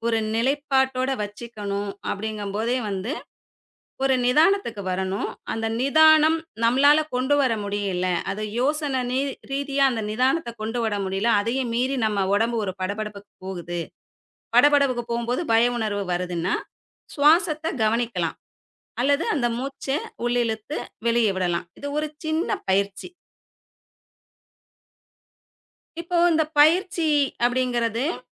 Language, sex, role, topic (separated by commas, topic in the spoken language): Tamil, female, guest, பயத்தைத் தாண்டி பேசத் தொடங்க உதவும் எளிய ஒரு சிறிய பயிற்சியைச் சொல்ல முடியுமா?